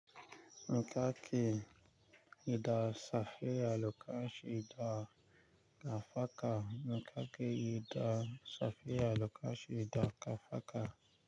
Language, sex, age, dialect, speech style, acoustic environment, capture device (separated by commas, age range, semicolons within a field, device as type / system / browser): Hausa, male, 25-34, Nigeria - Nigerian Standard, focused, nature, mobile / Linux / Chrome